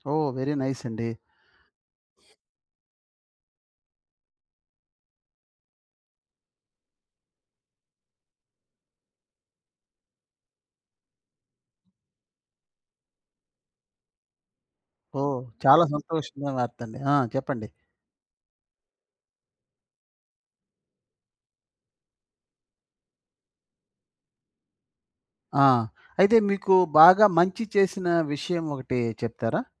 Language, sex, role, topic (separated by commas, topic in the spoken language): Telugu, male, host, స్నేహితుల ఒత్తిడి వల్ల మీరు మీ భవిష్యత్తు నిర్ణయాలను మార్చుకుంటారా?